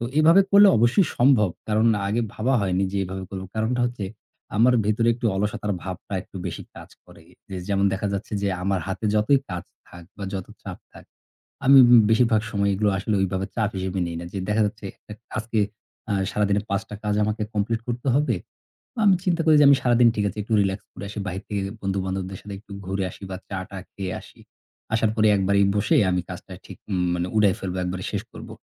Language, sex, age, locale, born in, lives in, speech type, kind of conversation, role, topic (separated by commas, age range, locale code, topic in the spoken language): Bengali, male, 35-39, bn-BD, Bangladesh, Bangladesh, conversation, advice, user, আপনি সঠিক সময়ে ঘুমানোর শৃঙ্খলা বজায় রাখতে কেন পারছেন না?